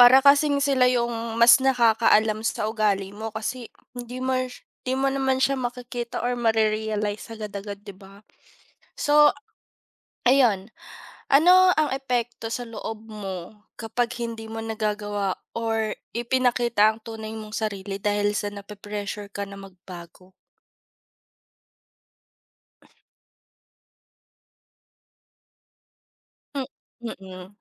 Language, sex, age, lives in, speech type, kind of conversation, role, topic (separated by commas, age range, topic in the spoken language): Filipino, female, 25-29, Philippines, conversation, unstructured, none, Ano ang pakiramdam kapag pinipilit kang baguhin ang iyong sarili?